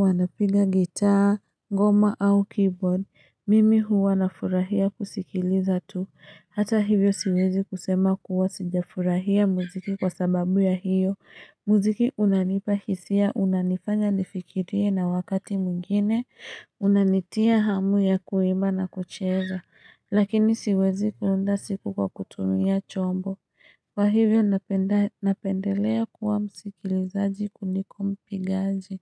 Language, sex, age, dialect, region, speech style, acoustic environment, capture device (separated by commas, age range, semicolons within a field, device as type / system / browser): Swahili, female, 25-34, Kenya - Nairobi Sheng-influenced, Kenya, relaxed; happy; excited; focused, nature; traffic; silence, mobile / Linux / Chrome